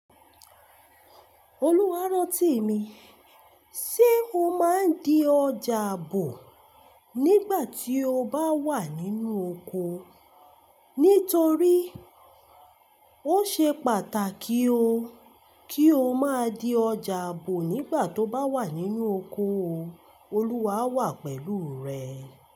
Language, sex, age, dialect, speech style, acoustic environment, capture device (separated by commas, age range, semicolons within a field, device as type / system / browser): Yoruba, female, 25-34, Nigeria - Lagos Yoruba, relaxed; focused, silence, mobile / Linux / Chrome